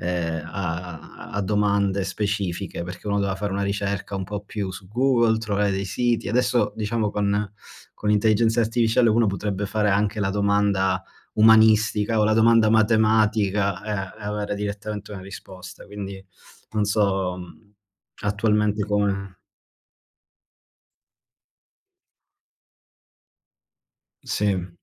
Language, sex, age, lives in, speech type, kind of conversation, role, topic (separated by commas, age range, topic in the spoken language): Italian, male, 30-34, Germany, conversation, unstructured, none, Come pensi che la tecnologia abbia cambiato la scuola?